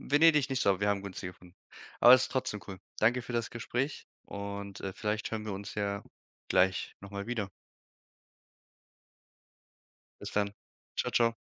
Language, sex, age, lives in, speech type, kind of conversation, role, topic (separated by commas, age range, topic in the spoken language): German, male, 25-29, Germany, conversation, unstructured, none, Wie hat das Internet dein Leben beeinflusst?